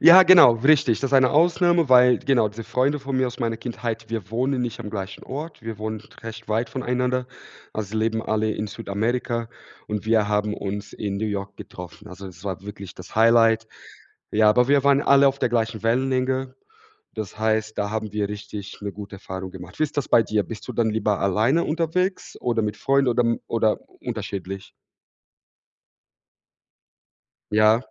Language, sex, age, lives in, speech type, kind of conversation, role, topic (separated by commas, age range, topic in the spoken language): German, male, 30-34, Germany, conversation, unstructured, none, Worauf freust du dich am meisten, wenn du auf Reisen gehst?